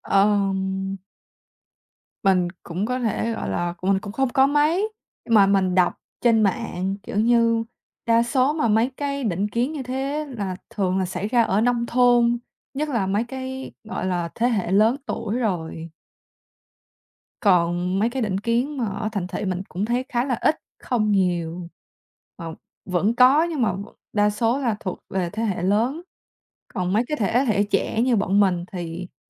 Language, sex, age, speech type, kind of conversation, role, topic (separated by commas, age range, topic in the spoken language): Vietnamese, female, 20-24, conversation, podcast, guest, Bạn nghĩ thế nào về việc chia sẻ công việc nhà trong gia đình?